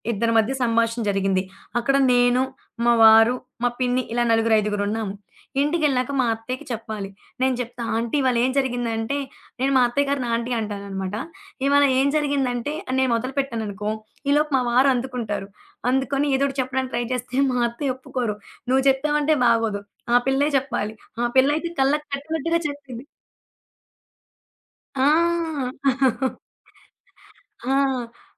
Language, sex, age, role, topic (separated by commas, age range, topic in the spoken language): Telugu, female, 20-24, guest, స్టోరీటెల్లింగ్‌లో ప్రేక్షకులను ఆకట్టుకునేందుకు మీరు ఉపయోగించే ప్రత్యేక తంత్రం ఏమిటి?